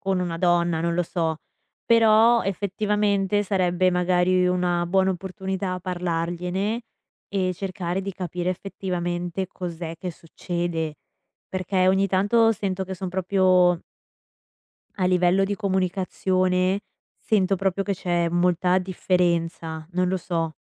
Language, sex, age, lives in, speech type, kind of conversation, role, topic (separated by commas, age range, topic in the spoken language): Italian, female, 20-24, United States, conversation, advice, user, Come comunichi i tuoi bisogni emotivi nella relazione?